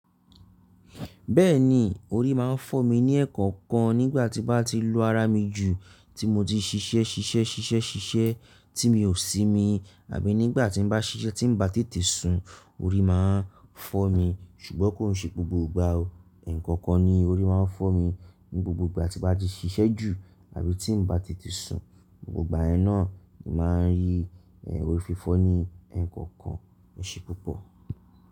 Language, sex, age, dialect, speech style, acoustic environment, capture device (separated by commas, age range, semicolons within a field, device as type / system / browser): Yoruba, male, 35-44, Nigeria - Nigerian Standard, relaxed, silence, mobile / Linux / Chrome